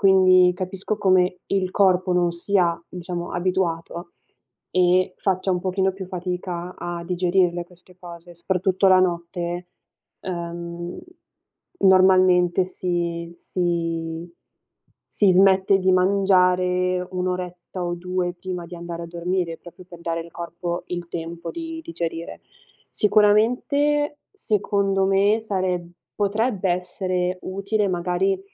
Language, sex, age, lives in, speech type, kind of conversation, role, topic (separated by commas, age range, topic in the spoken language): Italian, female, 20-24, Italy, conversation, advice, advisor, Come posso gestire la voglia di fare uno spuntino notturno che mi sveglia e interrompe il sonno?